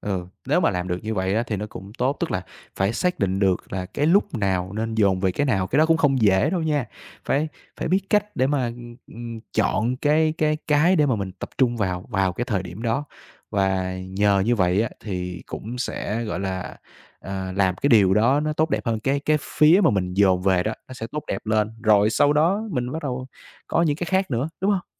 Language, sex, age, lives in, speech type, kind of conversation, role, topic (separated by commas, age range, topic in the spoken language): Vietnamese, male, 25-29, Vietnam, conversation, podcast, host, Bạn cân bằng giữa công việc và cuộc sống như thế nào?